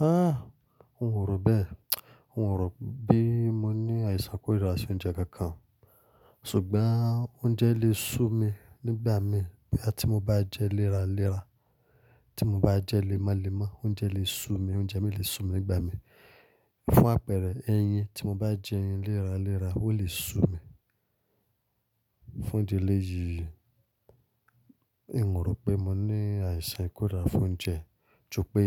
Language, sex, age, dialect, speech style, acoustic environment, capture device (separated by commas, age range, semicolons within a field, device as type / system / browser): Yoruba, male, 25-34, Nigeria - Lagos Yoruba, excited; focused, silence, mobile / Linux / Chrome